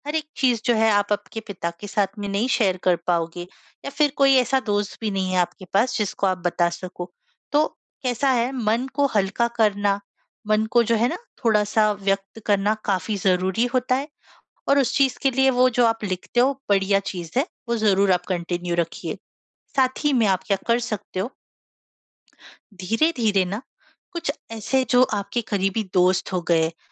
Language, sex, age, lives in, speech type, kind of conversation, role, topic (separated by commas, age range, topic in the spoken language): Hindi, female, 25-29, India, conversation, advice, advisor, मैं अपनी सच्चाई और भावनाओं को साफ़ और आत्मविश्वास के साथ कैसे व्यक्त करूँ?